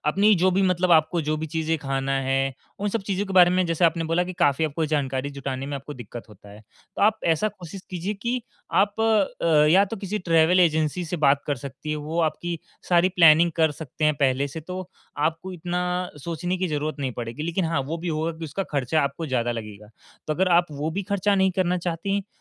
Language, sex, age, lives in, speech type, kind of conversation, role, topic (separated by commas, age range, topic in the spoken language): Hindi, male, 18-19, India, conversation, advice, advisor, यात्रा की योजना बनाना चुनौतीपूर्ण